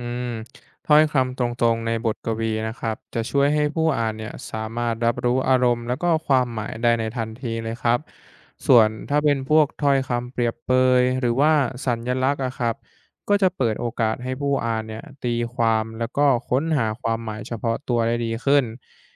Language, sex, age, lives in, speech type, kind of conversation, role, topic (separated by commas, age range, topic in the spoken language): Thai, male, 20-24, Thailand, read, expert-assistant, expert, เวลาที่อยากเข้าใจบทกวีไทยให้ลึกซึ้ง เราควรเริ่มอ่านหรือฟังจากแหล่งไหนถึงจะเชื่อถือได้จริง?